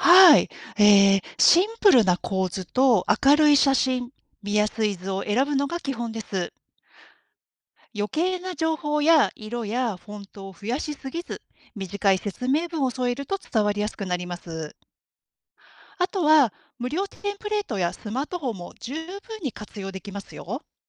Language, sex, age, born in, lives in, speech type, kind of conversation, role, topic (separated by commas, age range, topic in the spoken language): Japanese, female, 50-54, Japan, Japan, read, expert-assistant, expert, 広報のスキルを伸ばすために、毎日できる工夫やコツは何ですか？